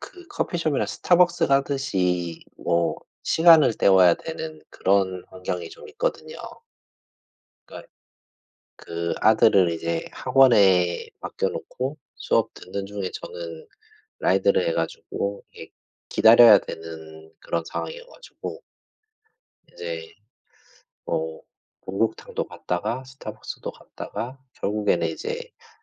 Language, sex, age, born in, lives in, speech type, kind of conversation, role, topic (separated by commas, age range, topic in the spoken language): Korean, male, 40-44, South Korea, Japan, conversation, unstructured, none, 피자와 햄버거 중 어떤 음식을 더 좋아하시나요?